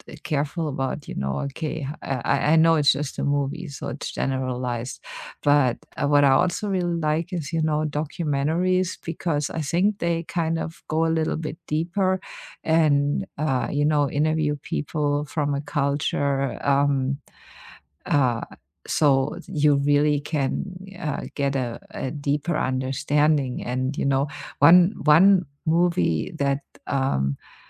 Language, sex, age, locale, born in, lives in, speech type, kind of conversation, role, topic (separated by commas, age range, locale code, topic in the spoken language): English, female, 50-54, en-DE, Germany, United States, conversation, unstructured, none, Should movies include more diverse characters and stories?